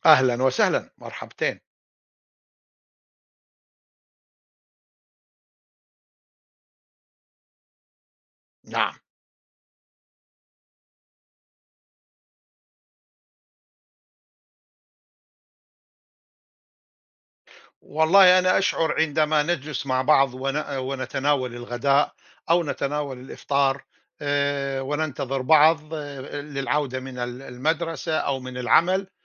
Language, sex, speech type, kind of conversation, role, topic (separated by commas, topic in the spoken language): Arabic, male, conversation, unstructured, none, إيه الطرق اللي بتخلي العيلة تحس بالسعادة وهي مع بعض؟